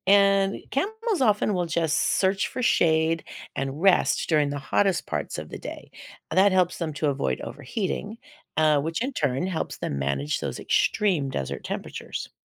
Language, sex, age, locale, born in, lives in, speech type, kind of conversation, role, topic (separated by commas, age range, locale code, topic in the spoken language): English, female, 60-64, en-US, United States, United States, read, expert-assistant, expert, How do camels survive in the desert?